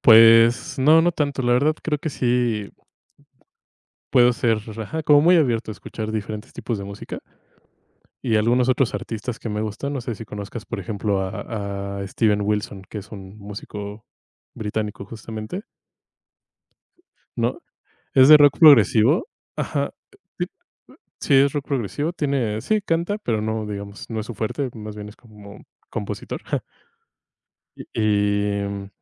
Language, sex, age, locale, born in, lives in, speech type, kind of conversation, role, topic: Spanish, male, 25-29, es-MX, Mexico, Mexico, conversation, unstructured, none, ¿Has descubierto a algún artista nuevo que te haya sorprendido?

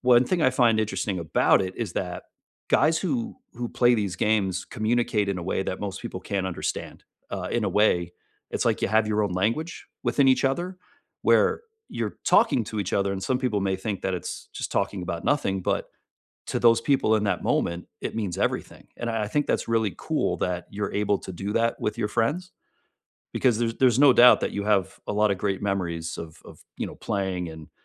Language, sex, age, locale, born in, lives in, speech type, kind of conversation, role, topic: English, male, 40-44, en-US, United States, United States, conversation, unstructured, none, What would a richer circle of relationships look like in five years, and what would it give you?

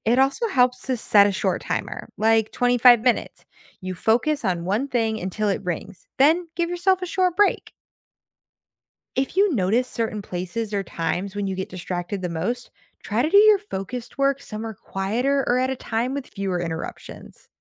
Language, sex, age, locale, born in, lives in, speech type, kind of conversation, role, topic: English, female, 25-29, en-US, United States, United States, read, expert-assistant, expert, Why is it important to manage time wisely?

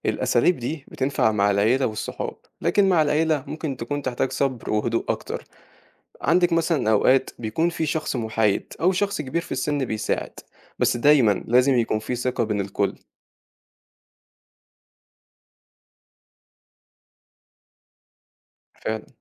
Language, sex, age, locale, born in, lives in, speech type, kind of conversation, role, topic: Arabic, male, 18-19, ar-EG, Egypt, Egypt, read, expert-assistant, expert, إزاي الناس زمان حلوا المشاكل اللي كانت بينهم بسبب الدين، وناخد منهم أفكار تفيدنا دلوقتي؟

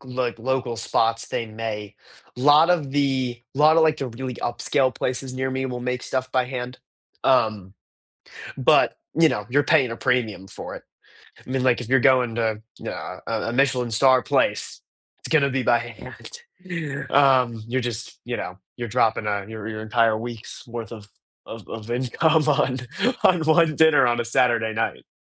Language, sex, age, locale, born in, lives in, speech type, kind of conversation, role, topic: English, male, 18-19, en-US, United States, United States, conversation, unstructured, none, Which local spot feels most like yours, and what story makes it your favorite?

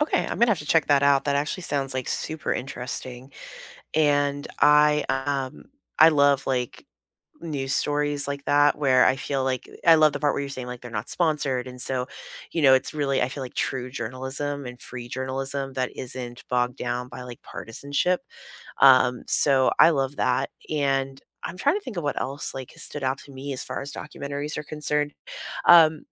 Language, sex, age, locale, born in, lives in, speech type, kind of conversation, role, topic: English, female, 35-39, en-US, United States, United States, conversation, unstructured, none, What documentaries have changed how you see something in your everyday life?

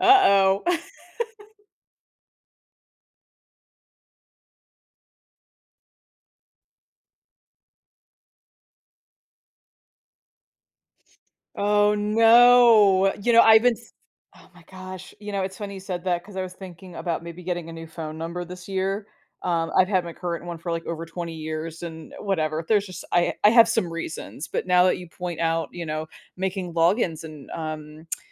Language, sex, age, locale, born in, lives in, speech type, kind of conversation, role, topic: English, female, 40-44, en-US, United States, United States, conversation, podcast, host, How can we develop simple habits to protect ourselves online?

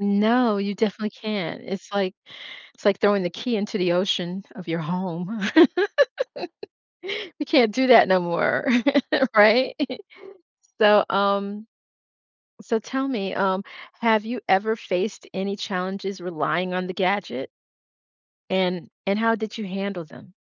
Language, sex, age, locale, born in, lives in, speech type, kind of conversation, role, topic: English, female, 55-59, en-US, United States, United States, conversation, podcast, host, How has technology changed the way you live and work every day?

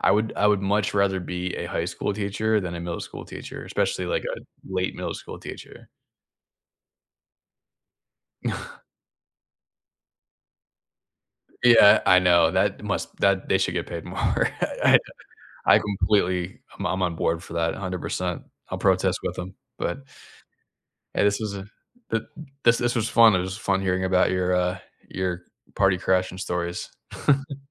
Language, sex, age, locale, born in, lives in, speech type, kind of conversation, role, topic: English, male, 30-34, en-US, United States, United States, conversation, unstructured, none, How do you recover from a small social mishap in a way that keeps things comfortable?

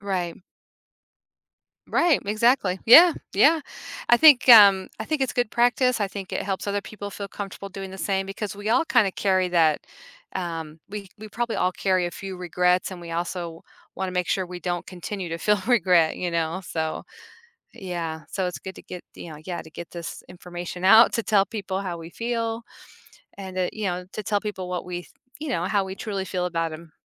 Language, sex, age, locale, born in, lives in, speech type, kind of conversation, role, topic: English, female, 50-54, en-US, United States, United States, conversation, advice, user, How do I tell my friend I regret not sharing romantic feelings?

